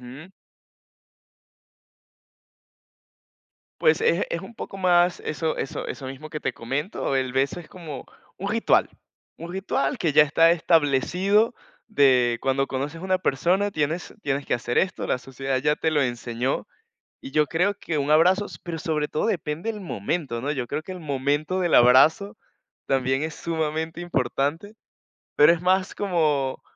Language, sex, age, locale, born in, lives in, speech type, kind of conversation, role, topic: Spanish, male, 20-24, es-VE, Venezuela, Portugal, conversation, podcast, guest, ¿Qué diferencias notas entre saludar con un abrazo y saludar con un beso en la mejilla?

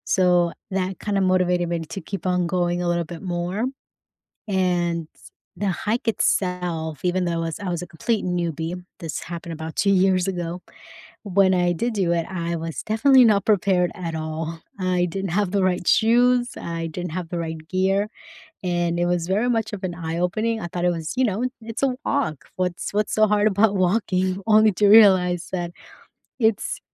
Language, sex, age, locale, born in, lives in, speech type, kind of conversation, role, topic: English, female, 30-34, en-US, United States, United States, conversation, unstructured, none, What outdoor activities boost your mood and make conversation easier?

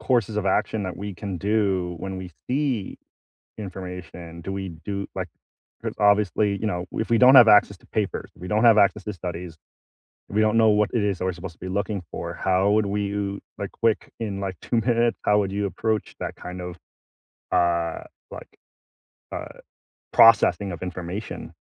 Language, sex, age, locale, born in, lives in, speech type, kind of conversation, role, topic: English, male, 35-39, en-US, United States, United States, conversation, unstructured, none, Do you think science is sometimes used to justify unfair actions?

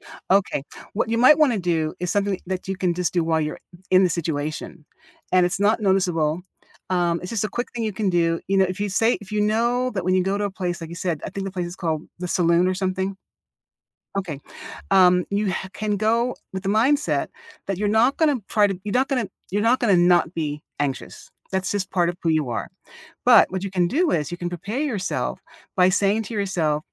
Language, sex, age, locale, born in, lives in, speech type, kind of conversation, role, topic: English, female, 60-64, en-US, United States, United States, conversation, advice, advisor, How can I feel more confident and comfortable meeting new people at a party?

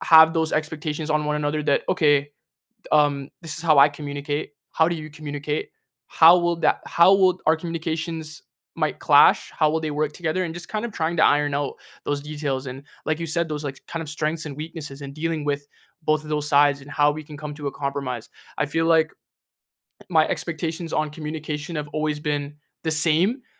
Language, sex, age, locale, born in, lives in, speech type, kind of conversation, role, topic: English, male, 20-24, en-CA, Canada, Canada, conversation, unstructured, none, How can you create fair, flexible relationship roles and expectations that strengthen your connection today?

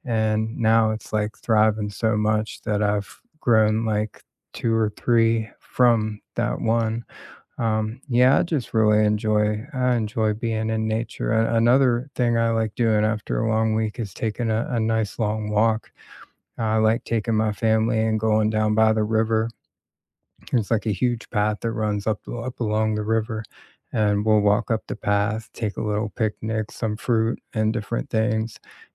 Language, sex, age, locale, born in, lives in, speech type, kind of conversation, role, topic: English, male, 40-44, en-US, United States, United States, conversation, unstructured, none, What simple rituals help you recharge after a long week, and why do they matter to you?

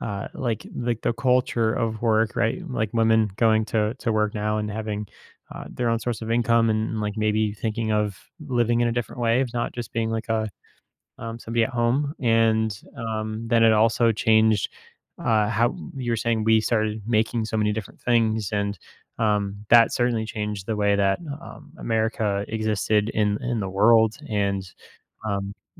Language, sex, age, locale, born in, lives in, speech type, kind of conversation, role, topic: English, male, 30-34, en-US, United States, United States, conversation, podcast, host, What factors make certain moments stand out as turning points in history?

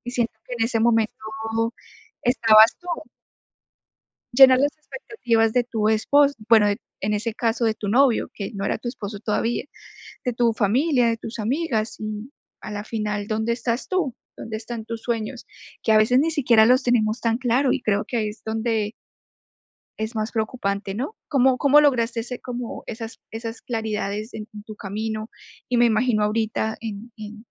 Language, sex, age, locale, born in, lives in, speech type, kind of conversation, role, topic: Spanish, female, 25-29, es-CO, Colombia, United States, conversation, podcast, host, ¿Cómo decides entre lo que tú quieres y lo que tu familia espera de ti?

